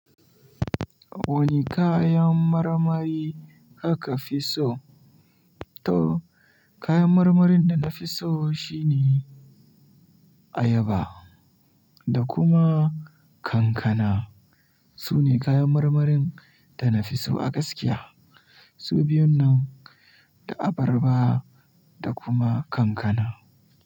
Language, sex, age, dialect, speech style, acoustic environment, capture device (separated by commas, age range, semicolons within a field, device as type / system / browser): Hausa, male, 18-24, Nigeria - Kano, happy; excited, people talking; silence, mobile / Linux / Chrome